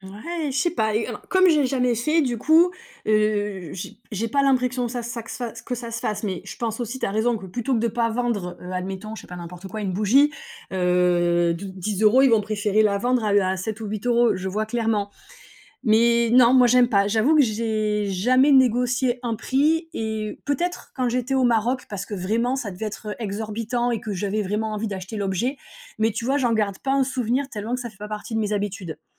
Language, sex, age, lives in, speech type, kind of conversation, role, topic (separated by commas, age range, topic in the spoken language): French, female, 35-39, France, conversation, unstructured, none, Est-il acceptable de négocier les prix partout où l’on va ?